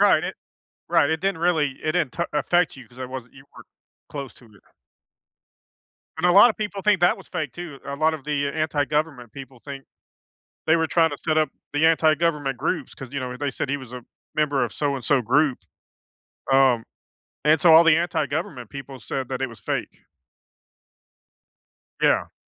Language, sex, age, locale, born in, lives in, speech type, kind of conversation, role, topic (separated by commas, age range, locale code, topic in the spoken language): English, male, 50-54, en-US, United States, United States, conversation, unstructured, none, Why do some people deny important historical events?